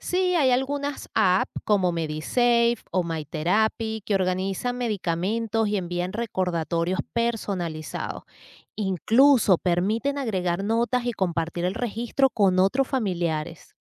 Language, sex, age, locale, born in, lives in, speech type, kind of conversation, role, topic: Spanish, female, 40-44, es-VE, Venezuela, United States, read, expert-assistant, expert, ¿Qué estrategias usan las personas para entender mejor las indicaciones médicas?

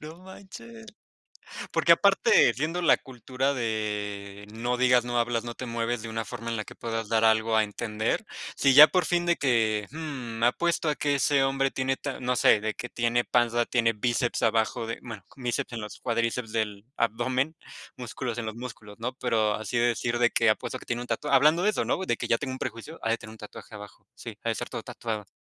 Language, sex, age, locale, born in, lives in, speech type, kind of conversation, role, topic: Spanish, male, 25-29, es-MX, Mexico, Mexico, conversation, unstructured, none, ¿Qué te gusta aprender sobre la cultura local cuando viajas?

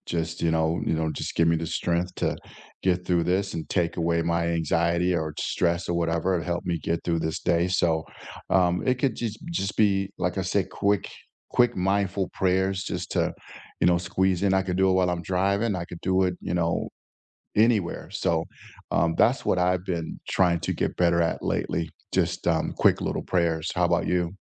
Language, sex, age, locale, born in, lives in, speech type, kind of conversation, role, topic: English, male, 60-64, en-US, United States, United States, conversation, unstructured, none, What quick mindful moments do you fit into hectic days to feel grounded, and can we swap ideas?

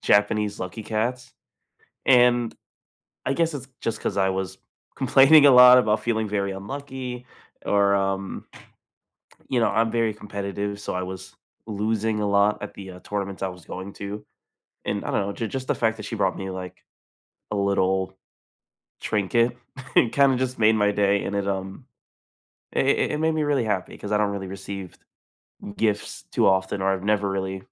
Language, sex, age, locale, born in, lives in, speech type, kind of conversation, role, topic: English, other, 20-24, en-US, United States, United States, conversation, unstructured, none, What is a meaningful gift you have given or received recently?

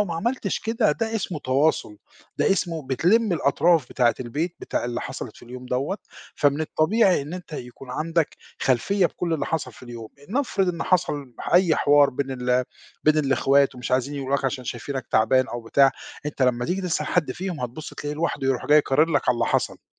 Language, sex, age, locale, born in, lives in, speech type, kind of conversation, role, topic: Arabic, male, 50-54, ar-EG, Egypt, Portugal, conversation, podcast, guest, إزاي تقدر توازن بين الشغل ووقت العيلة؟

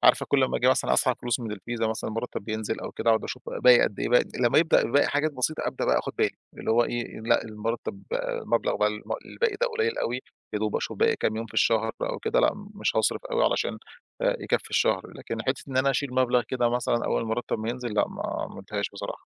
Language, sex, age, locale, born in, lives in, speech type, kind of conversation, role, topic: Arabic, male, 35-39, ar-EG, Egypt, Egypt, conversation, advice, user, إزاي أقدر أوفّر فلوس من غير ما أحس إني بحرم نفسي؟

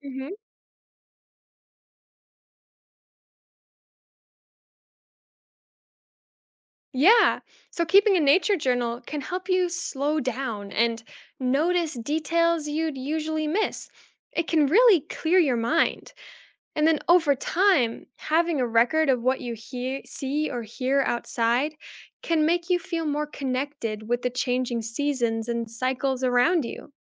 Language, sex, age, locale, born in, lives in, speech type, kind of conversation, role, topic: English, female, 20-24, en-US, United States, United States, read, expert-assistant, expert, What are unique ways people celebrate the beauty of nature?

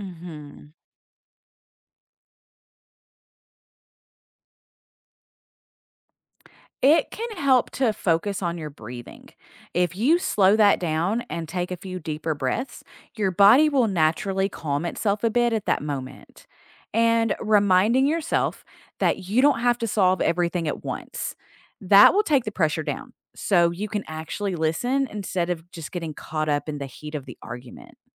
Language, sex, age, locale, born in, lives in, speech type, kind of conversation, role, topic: English, female, 40-44, en-US, United States, United States, read, expert-assistant, expert, How can empathy help reduce conflict?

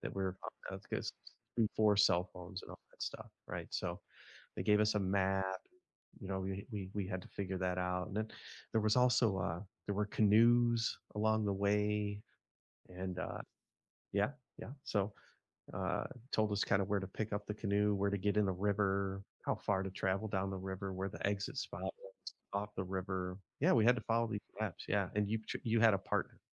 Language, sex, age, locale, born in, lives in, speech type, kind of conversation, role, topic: English, male, 50-54, en-US, United States, United States, conversation, unstructured, none, Have you ever gone hiking, and what was it like?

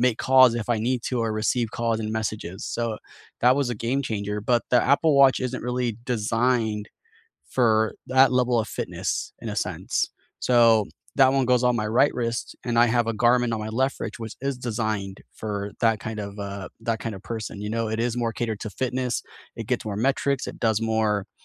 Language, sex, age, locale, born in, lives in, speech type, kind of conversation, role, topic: English, male, 30-34, en-US, United States, United States, conversation, unstructured, none, What is the one gadget you can’t live without this year?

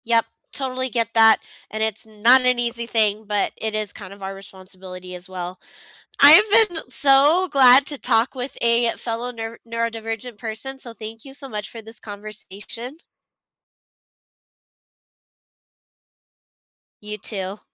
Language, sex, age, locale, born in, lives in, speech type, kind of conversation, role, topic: English, female, 35-39, en-US, United States, United States, conversation, unstructured, none, How do you handle disagreements so that you both feel heard and stay connected?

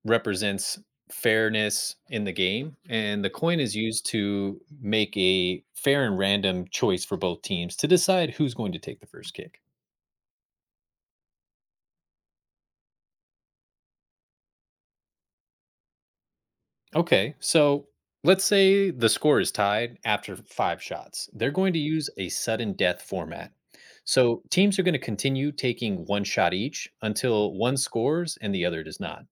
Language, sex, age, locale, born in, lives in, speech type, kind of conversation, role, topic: English, male, 35-39, en-US, United States, United States, read, expert-assistant, expert, How does a penalty shootout work in soccer?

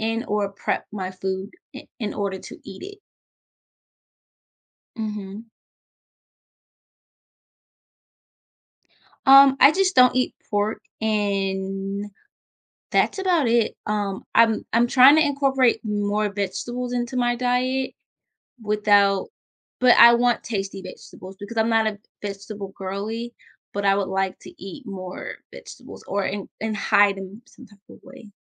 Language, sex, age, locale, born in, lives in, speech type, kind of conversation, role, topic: English, female, 25-29, en-US, United States, United States, conversation, advice, user, How can I eat healthier with limited time and a tight budget?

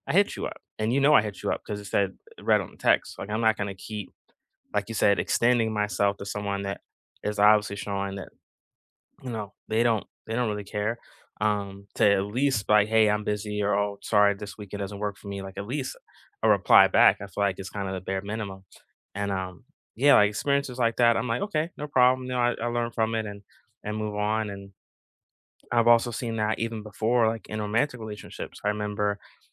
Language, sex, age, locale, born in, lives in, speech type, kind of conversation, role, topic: English, male, 40-44, en-US, United States, United States, conversation, unstructured, none, Which recent conversation shifted your perspective, and what made you see things differently?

